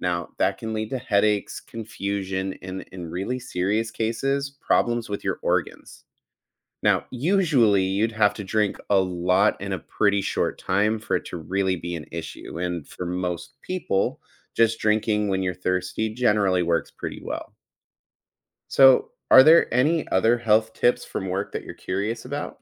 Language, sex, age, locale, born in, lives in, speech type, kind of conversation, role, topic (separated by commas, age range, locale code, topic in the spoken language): English, male, 30-34, en-US, United States, United States, read, expert-assistant, expert, I've heard that drinking eight glasses of water a day is essential for good health—is that actually backed by science?